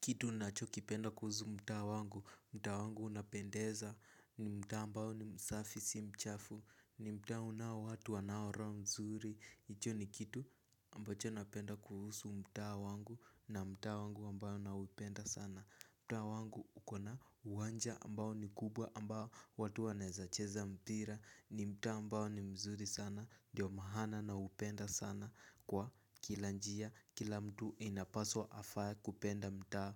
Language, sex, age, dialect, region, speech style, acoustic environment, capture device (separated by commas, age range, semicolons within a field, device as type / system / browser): Swahili, male, 18-24, Kenya - Nairobi Sheng-influenced, Kenya, nervous; excited; focused; relaxed, silence; nature, mobile / Linux / Chrome